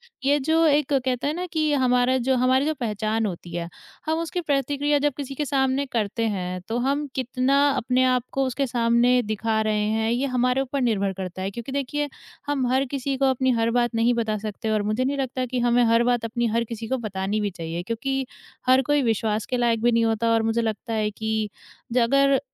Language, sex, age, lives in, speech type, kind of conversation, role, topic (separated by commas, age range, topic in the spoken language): Hindi, female, 20-24, India, conversation, podcast, guest, आप अपनी नई पहचान पर लोगों की प्रतिक्रियाओं को कैसे संभालते हैं?